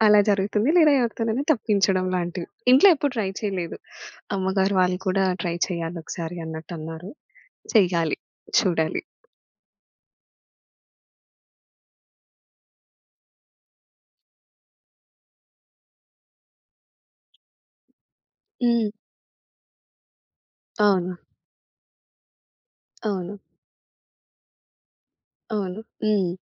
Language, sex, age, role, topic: Telugu, female, 25-29, guest, అతిథులను స్వాగతించేటప్పుడు మీరు పాటించే దశలు ఏమిటి?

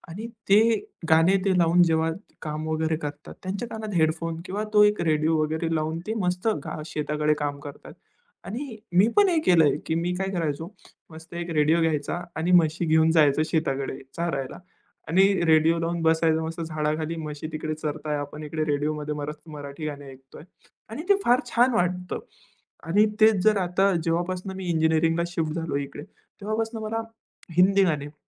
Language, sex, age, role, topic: Marathi, male, 20-24, guest, शेअर केलेल्या गाण्यांच्या यादीमुळे नातं कसं बदलू शकतं असं तुम्हाला वाटतं का?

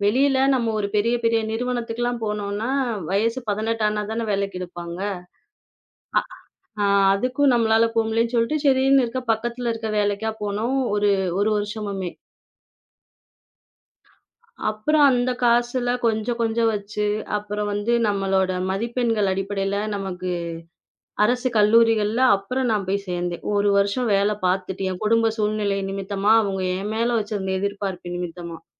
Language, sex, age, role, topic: Tamil, female, 25-29, guest, பிறரின் எதிர்பார்ப்புகள் உங்கள் முடிவுகளை எவ்வளவு மாற்றுகின்றன?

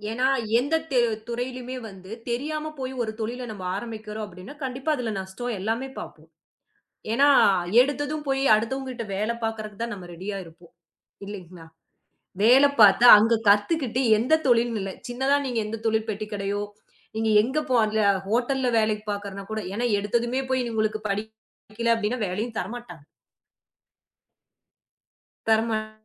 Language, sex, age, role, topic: Tamil, female, 30-34, guest, படிப்பிற்கு பணம் இல்லாமல் கற்றுக்கொள்ள நீ என்ன செய்வாய்?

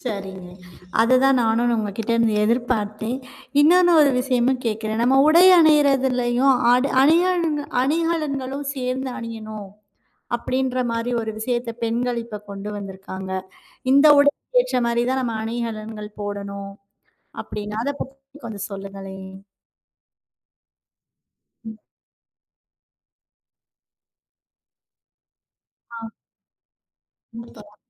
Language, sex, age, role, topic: Tamil, female, 35-39, host, உடை அணிவதால் மனநிலை மாறும் என்று நீங்கள் நினைக்கிறீர்களா? ஏன்?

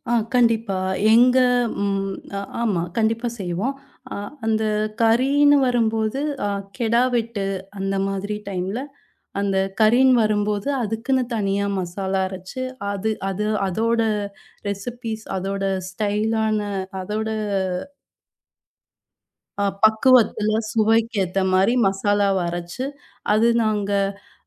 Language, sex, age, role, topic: Tamil, female, 30-34, guest, பழமையான குடும்ப உணவுகளின் சுவையை நீங்கள் எப்படிப் பாதுகாத்து வந்திருக்கிறீர்கள்?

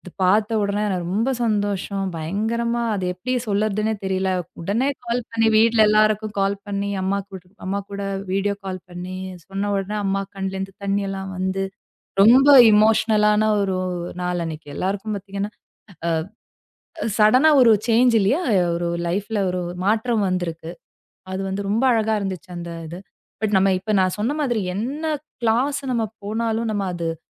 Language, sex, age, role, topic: Tamil, female, 35-39, guest, முதல்முறை பெற்றோராக மாறிய போது நீங்கள் என்ன உணர்ந்தீர்கள்?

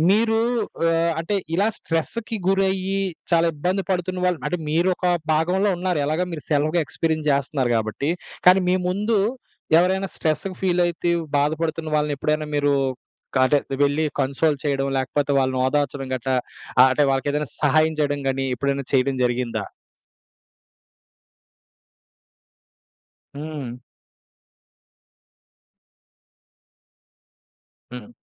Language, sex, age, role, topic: Telugu, male, 25-29, host, స్ట్రెస్ వచ్చినప్పుడు మీరు సాధారణంగా ఏమి చేస్తారు?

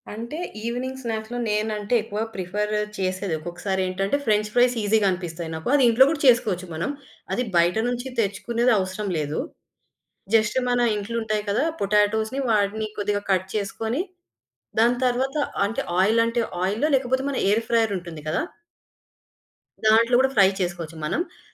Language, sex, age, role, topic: Telugu, female, 25-29, guest, ఒక వంటకం వల్ల మీ కుటుంబ బంధం మరింత బలపడిన సందర్భం ఎప్పుడు జరిగింది?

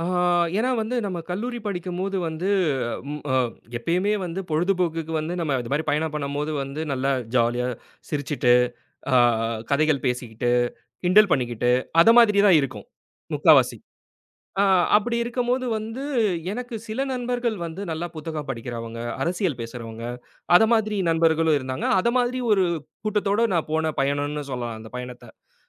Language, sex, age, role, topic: Tamil, male, 30-34, guest, பயணத்தில் சந்தித்த ஒருவர் உங்களை எவ்வாறு பாதித்தார்?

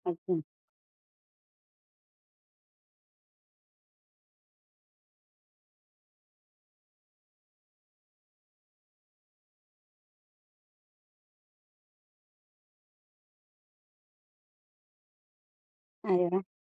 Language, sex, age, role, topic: Marathi, female, 45-49, host, दिवसभरातील ऊर्जा-स्तरांतील चढ-उतार आपण कसे लक्षात ठेवू शकतो?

